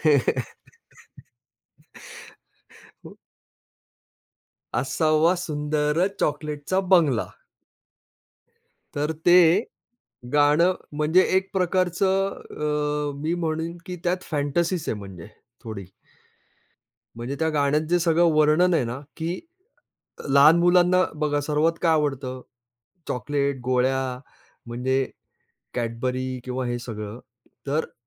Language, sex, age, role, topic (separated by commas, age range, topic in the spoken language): Marathi, male, 45-49, guest, लहानपणी तुला कोणती गाणी सर्वाधिक आवडायची?